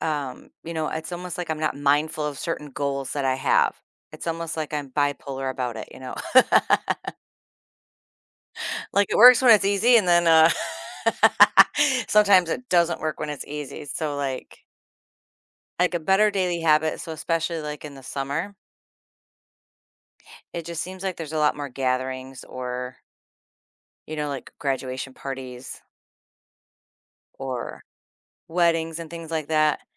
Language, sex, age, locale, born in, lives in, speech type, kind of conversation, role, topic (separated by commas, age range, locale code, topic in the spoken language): English, female, 50-54, en-US, United States, United States, conversation, advice, user, How can I build small daily habits that fit my limited time?